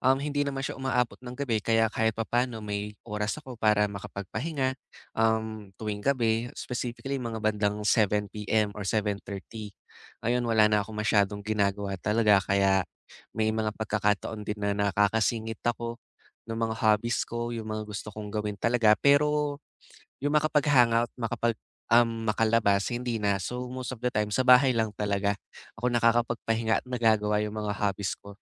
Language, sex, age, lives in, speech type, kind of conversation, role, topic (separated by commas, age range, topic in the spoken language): Filipino, male, 20-24, Philippines, conversation, advice, user, Paano ko mapamamahalaan ang aking enerhiya at maiiwasan ang pagkapagod?